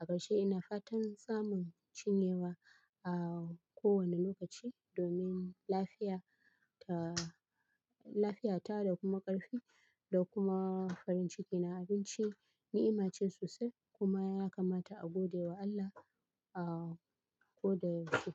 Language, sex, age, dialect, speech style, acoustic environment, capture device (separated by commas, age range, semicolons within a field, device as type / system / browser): Hausa, female, 25-34, Nigeria - Kano, happy; relaxed; focused, people talking; traffic; appliances; construction; nature, mobile / Linux / Chrome